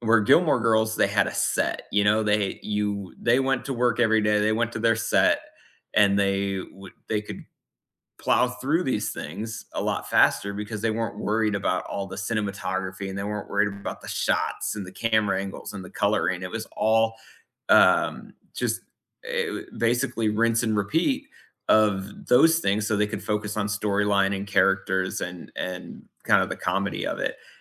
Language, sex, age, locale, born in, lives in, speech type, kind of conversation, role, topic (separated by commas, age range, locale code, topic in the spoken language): English, male, 35-39, en-US, United States, United States, conversation, unstructured, none, Which movie scenes do you rewatch for comfort or inspiration, and what makes them special to you?